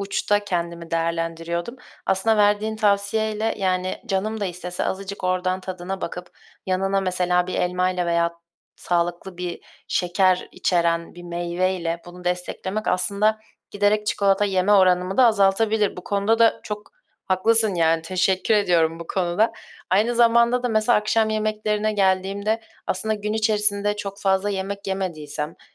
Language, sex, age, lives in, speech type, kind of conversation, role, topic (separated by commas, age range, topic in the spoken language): Turkish, female, 20-24, Germany, conversation, advice, user, Katı diyetleri sürdüremediğin için suçluluk hissettiğinde kendini nasıl hissediyorsun?